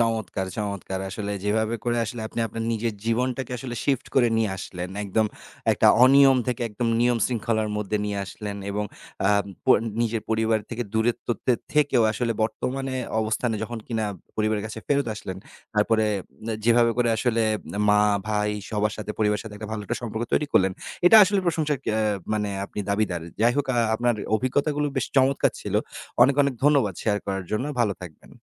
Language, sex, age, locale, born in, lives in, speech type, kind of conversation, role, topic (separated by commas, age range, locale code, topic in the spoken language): Bengali, male, 30-34, bn-BD, Bangladesh, Bangladesh, conversation, podcast, host, সকালে ঘুম থেকে উঠে আপনি প্রথমে কী করেন?